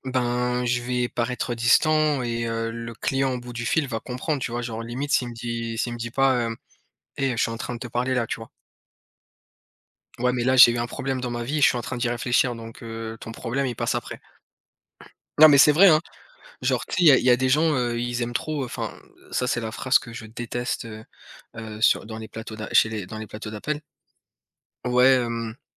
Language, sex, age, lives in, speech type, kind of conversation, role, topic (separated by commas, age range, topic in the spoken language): French, male, 30-34, France, conversation, unstructured, none, Quelle est la plus grande leçon que vous avez apprise grâce à la pratique de l’écoute active ?